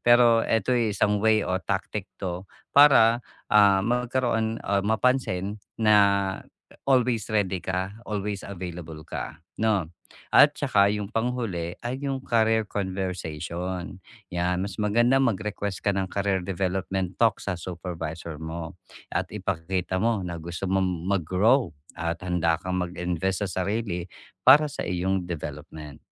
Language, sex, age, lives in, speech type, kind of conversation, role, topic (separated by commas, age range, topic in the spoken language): Filipino, male, 45-49, Philippines, conversation, advice, advisor, Paano ako mapapansin at makikilala sa loob ng kumpanya?